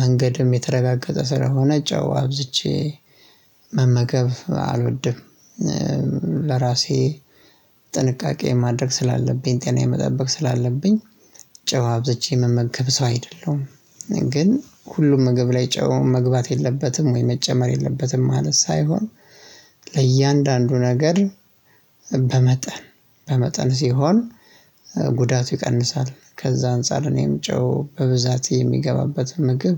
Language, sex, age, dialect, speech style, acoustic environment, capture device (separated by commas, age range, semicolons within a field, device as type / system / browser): Amharic, male, 25-34, Ethiopia - Addis Ababa, happy; relaxed, silence, mobile / Linux / Chrome